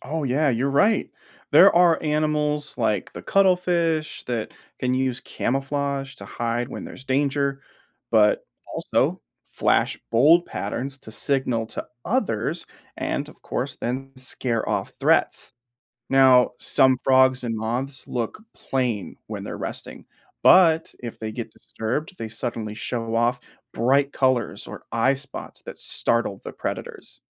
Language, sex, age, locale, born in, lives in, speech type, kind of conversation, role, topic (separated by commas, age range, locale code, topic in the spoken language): English, male, 30-34, en-US, United States, United States, read, expert-assistant, expert, Why do some animals have stripes or spots?